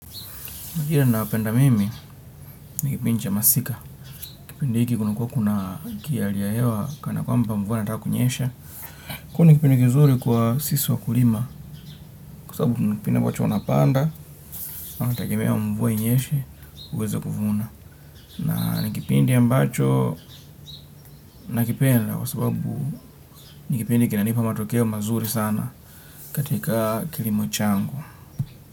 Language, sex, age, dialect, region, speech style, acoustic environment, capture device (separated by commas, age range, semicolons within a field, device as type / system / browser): Swahili, male, 25-34, Kenya - Nairobi Sheng-influenced, Kenya, relaxed, nature, desktop / Windows / Chrome